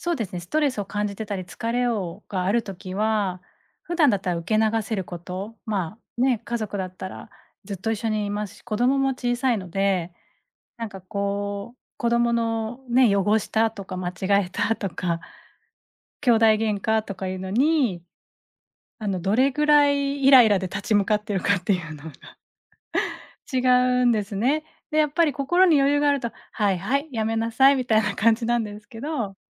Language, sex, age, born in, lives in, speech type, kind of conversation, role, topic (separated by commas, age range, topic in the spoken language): Japanese, female, 40-44, Japan, Japan, conversation, podcast, guest, ストレスを感じたとき、どのように対処していますか？